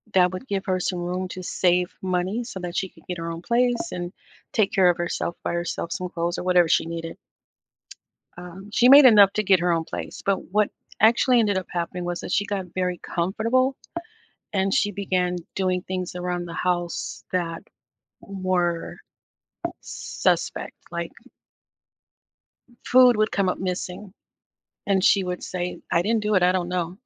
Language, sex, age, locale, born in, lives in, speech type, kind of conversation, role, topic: English, female, 55-59, en-US, United States, United States, conversation, advice, user, How can I address a close friend's betrayal and decide whether to repair the friendship?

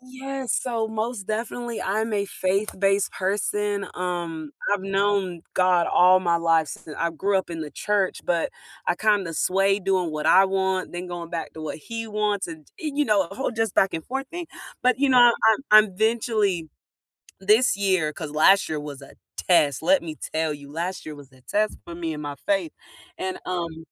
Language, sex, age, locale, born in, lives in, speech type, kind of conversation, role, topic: English, female, 20-24, en-US, United States, United States, conversation, unstructured, none, What small habit really changed your daily routine?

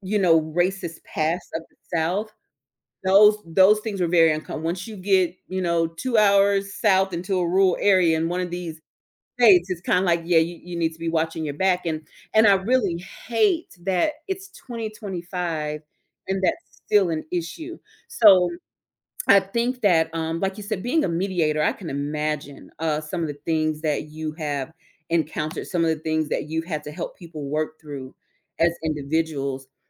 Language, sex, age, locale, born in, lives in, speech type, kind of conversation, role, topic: English, female, 35-39, en-US, United States, United States, conversation, podcast, guest, What helps people build stronger connections across different cultures and experiences?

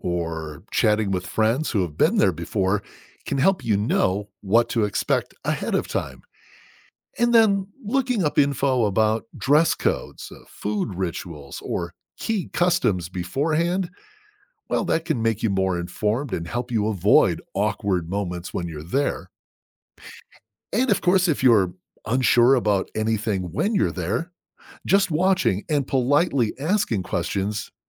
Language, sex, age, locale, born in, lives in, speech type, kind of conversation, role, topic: English, male, 50-54, en-US, United States, United States, read, expert-assistant, expert, How do festivals contribute to cultural exchange?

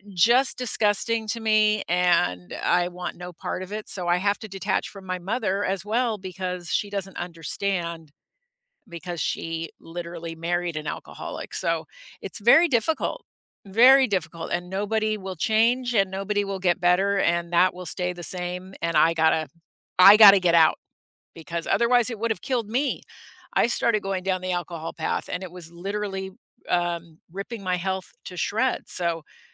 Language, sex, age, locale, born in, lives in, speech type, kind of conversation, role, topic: English, female, 60-64, en-US, United States, United States, conversation, unstructured, none, Why is it important to forgive someone?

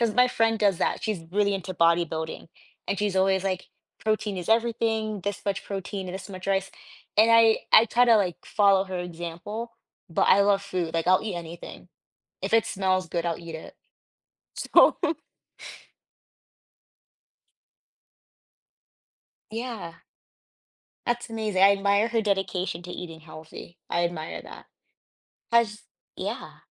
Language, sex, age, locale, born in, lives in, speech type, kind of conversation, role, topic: English, female, 25-29, en-US, United States, United States, conversation, podcast, host, How does sharing a homemade meal bring people together?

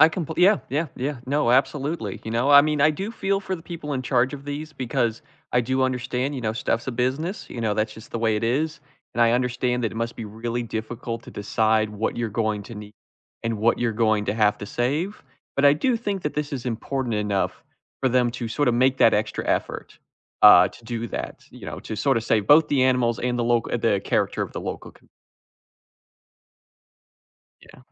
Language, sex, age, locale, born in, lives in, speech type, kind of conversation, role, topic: English, male, 20-24, en-US, United States, United States, conversation, unstructured, none, How does deforestation affect animals and people?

